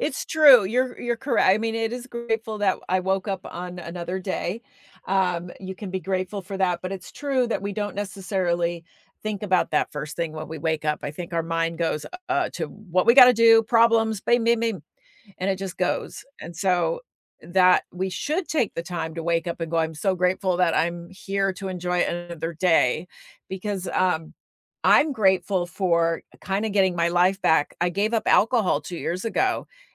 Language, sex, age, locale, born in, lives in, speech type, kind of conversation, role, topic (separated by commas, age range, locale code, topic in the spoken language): English, female, 60-64, en-US, United States, United States, conversation, unstructured, none, When do you feel the most grateful?